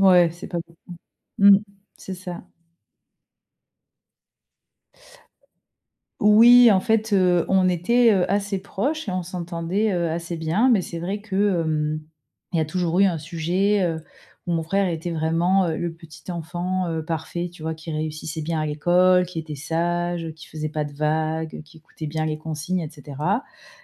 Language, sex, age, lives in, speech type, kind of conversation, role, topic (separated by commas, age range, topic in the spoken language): French, female, 35-39, France, conversation, advice, user, Comment établir des limites claires entre frères et sœurs ?